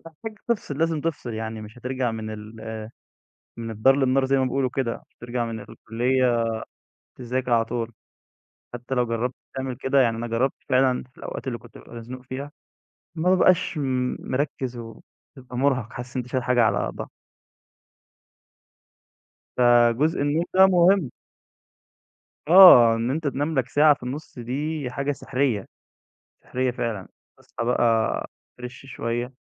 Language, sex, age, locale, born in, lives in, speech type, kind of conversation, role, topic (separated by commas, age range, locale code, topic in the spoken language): Arabic, male, 20-24, ar-EG, Egypt, Egypt, conversation, podcast, guest, إزاي بتوازن بين الدراسة وحياتك اليومية؟